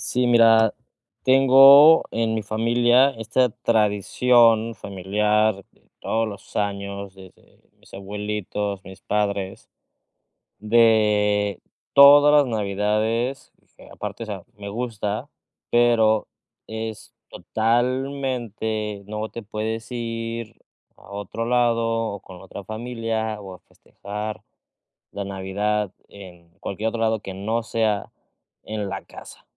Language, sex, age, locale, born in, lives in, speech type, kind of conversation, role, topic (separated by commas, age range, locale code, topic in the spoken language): Spanish, male, 30-34, es-MX, Mexico, Mexico, conversation, advice, user, ¿Cómo puedo equilibrar la tradición familiar con mi autonomía personal?